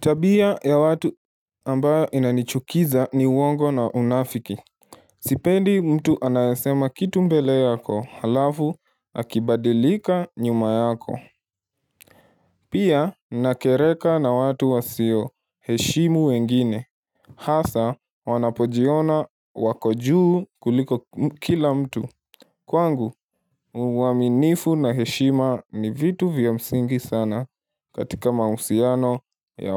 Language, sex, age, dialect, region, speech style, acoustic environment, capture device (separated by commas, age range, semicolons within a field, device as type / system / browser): Swahili, male, 18-24, Kenya - Nairobi Sheng-influenced, Kenya, relaxed; focused, silence, mobile / Linux / Chrome